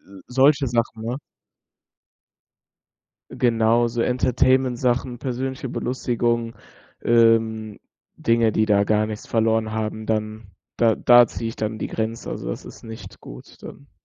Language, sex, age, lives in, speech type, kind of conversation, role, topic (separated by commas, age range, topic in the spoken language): German, male, 18-19, Germany, conversation, podcast, guest, Welche Regeln hast du für die Handynutzung am Esstisch?